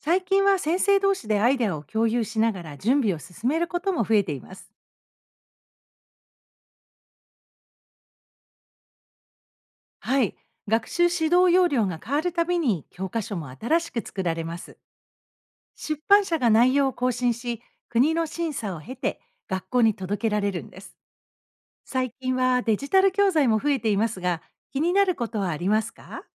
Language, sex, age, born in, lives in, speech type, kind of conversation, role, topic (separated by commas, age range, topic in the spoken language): Japanese, female, 55-59, Japan, United States, read, expert-assistant, expert, 学校の授業内容はどうやって決まるの？カリキュラム作りの仕組みを教えてください。